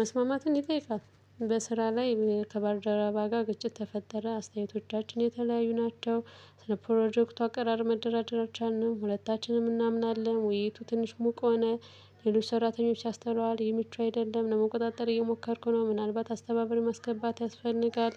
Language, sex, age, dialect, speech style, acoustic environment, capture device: Amharic, female, 18-24, Ethiopia - Gondar, relaxed, silence, mobile / Linux / Chrome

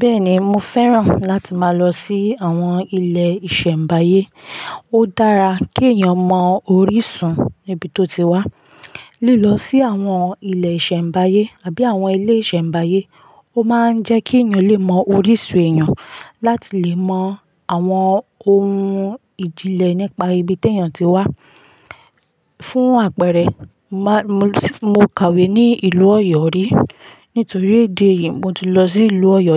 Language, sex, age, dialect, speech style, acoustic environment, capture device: Yoruba, female, 25-34, Nigeria - Ibadan, relaxed, silence, mobile / Linux / Chrome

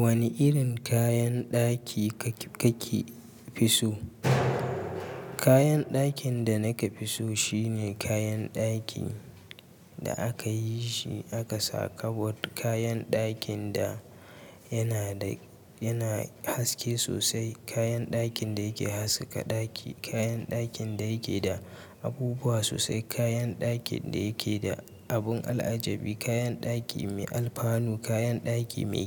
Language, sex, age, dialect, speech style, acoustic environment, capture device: Hausa, male, 25-34, Nigeria - Kano, happy, nature; silence, mobile / Linux / Chrome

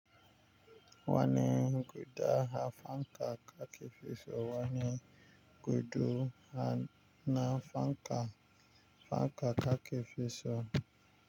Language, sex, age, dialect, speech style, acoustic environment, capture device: Hausa, male, 25-34, Nigeria - Nigerian Standard, focused, nature, mobile / Linux / Chrome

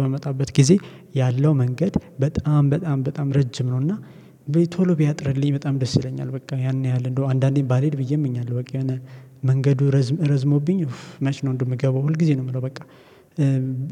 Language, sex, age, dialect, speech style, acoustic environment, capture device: Amharic, male, 25-34, Ethiopia - Addis Ababa, happy, silence, mobile / Linux / Chrome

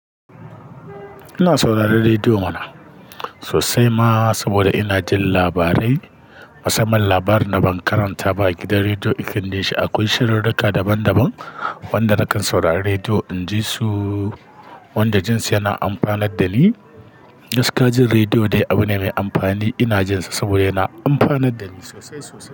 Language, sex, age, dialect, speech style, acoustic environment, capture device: Hausa, male, 35-44, Nigeria - Kano, anxious, nature, mobile / Linux / Chrome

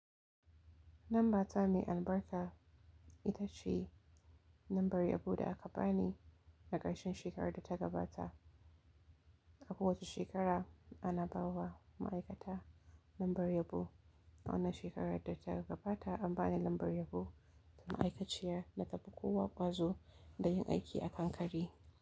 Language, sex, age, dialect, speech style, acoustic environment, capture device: Hausa, female, 18-24, Nigeria - Kano, relaxed, silence, mobile / Linux / Chrome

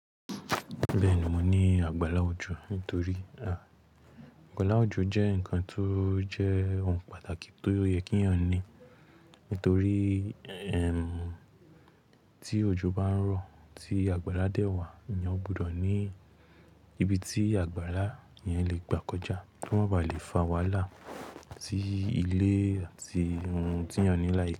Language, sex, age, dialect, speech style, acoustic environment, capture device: Yoruba, male, 18-24, Nigeria - Lagos Yoruba, relaxed, nature; music, mobile / Linux / Chrome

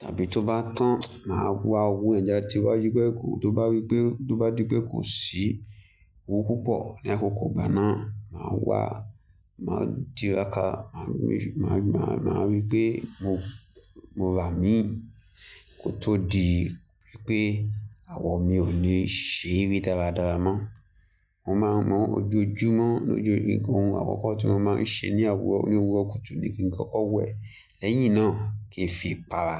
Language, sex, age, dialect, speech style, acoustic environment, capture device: Yoruba, male, 25-34, Nigeria - Lagos Yoruba, happy; focused, nature, mobile / Linux / Chrome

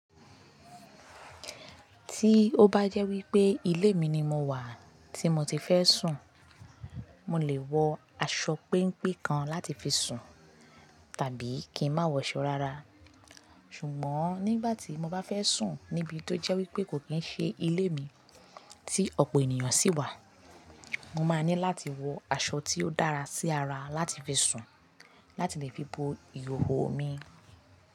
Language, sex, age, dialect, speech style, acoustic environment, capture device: Yoruba, female, 25-34, Nigeria - Lagos Yoruba, focused, silence, mobile / Linux / Chrome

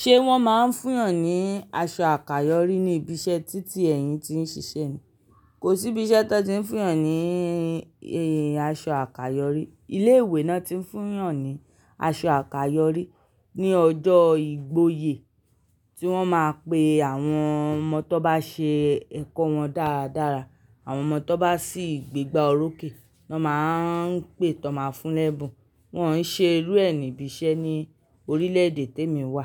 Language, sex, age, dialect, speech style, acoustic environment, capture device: Yoruba, male, 35-44, Nigeria - Lagos Yoruba, happy, people talking, mobile / Linux / Chrome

